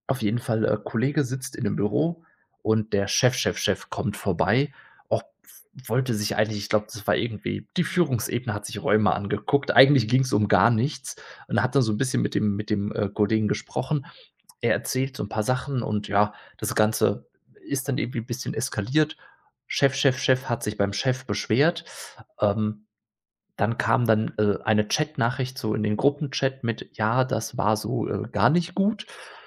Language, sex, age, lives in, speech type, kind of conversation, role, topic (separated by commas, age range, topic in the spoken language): German, male, 30-34, Germany, conversation, podcast, guest, Was passiert bei Missverständnissen in SMS oder Chat?